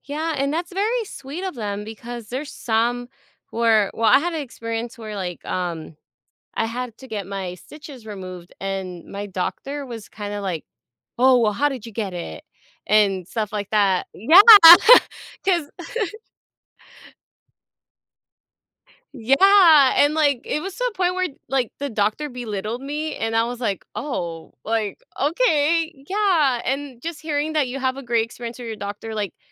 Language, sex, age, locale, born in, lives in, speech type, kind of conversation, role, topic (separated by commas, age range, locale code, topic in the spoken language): English, female, 25-29, en-US, United States, United States, conversation, advice, advisor, How can I manage my anxiety while waiting for medical test results?